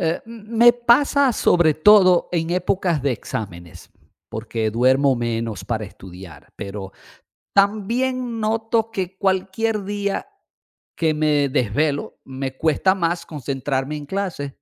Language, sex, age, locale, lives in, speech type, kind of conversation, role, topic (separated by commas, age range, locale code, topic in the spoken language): Spanish, male, 55-59, es-VE, Portugal, read, expert-assistant, asker, Cómo influye el sueño en el rendimiento académico